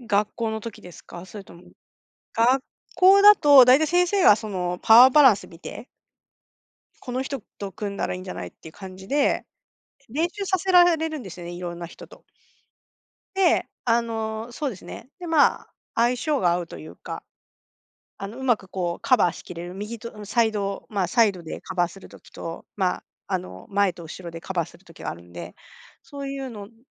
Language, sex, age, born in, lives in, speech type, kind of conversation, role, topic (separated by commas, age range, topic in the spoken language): Japanese, female, 45-49, Japan, Japan, conversation, unstructured, none, 好きなスポーツは何ですか？その理由は何ですか？